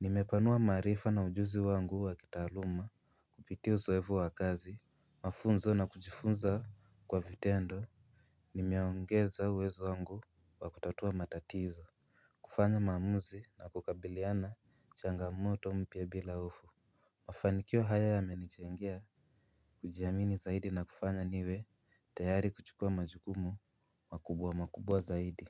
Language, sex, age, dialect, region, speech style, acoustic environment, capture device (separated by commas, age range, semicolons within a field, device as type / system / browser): Swahili, male, 18-24, Kenya - Nairobi Sheng-influenced, Kenya, relaxed, silence, mobile / Linux / Chrome